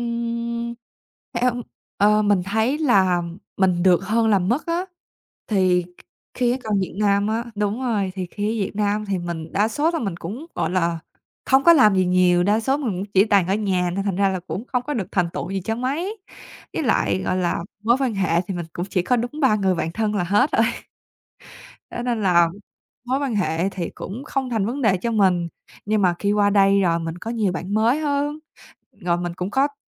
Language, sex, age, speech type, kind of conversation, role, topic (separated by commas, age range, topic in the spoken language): Vietnamese, female, 20-24, conversation, podcast, guest, Bạn có thể kể về một lần thay đổi lớn trong cuộc đời mình không?